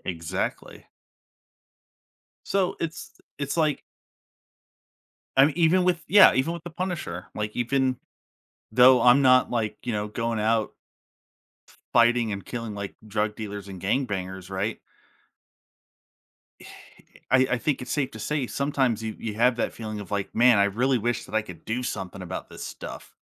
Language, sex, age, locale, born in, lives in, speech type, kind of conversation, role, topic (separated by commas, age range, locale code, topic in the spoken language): English, male, 30-34, en-US, United States, United States, conversation, podcast, guest, Why do we connect with certain characters in stories more than others?